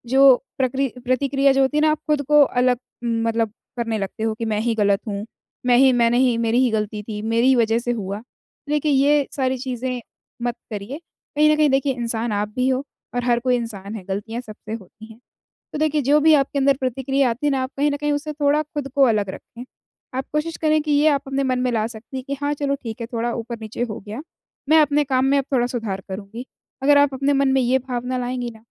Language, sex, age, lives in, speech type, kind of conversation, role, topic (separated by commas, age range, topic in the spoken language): Hindi, female, 20-24, India, conversation, advice, advisor, जब मुझे प्रतिक्रिया मिले, तो मैं अपनी भावनाओं को पहचानकर बिना बचाव में गए कैसे संभालूँ?